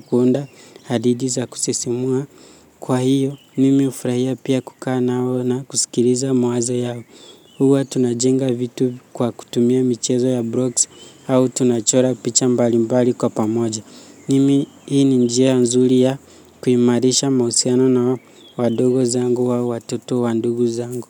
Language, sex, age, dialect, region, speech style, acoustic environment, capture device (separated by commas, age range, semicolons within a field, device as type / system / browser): Swahili, male, 18-24, Kenya - Nairobi Sheng-influenced, Kenya, focused, nature; silence, mobile / Linux / Chrome